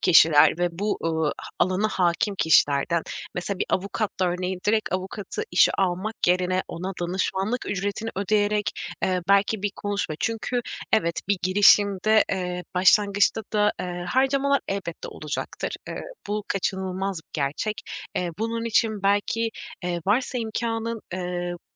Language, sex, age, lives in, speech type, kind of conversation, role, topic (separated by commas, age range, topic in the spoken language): Turkish, female, 25-29, Poland, conversation, advice, advisor, Nasıl güçlü bir ekip kurabilir ve yetenekleri şirketimde uzun süre tutabilirim?